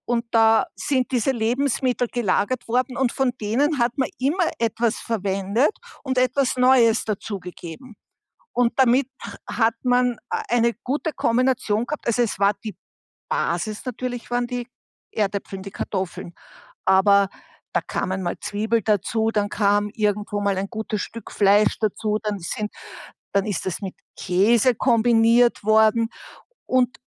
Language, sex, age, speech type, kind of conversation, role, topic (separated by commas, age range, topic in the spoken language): German, female, 60-64, conversation, podcast, guest, Wie bereitest du Reste so zu, dass du Lebensmittelverschwendung vermeidest?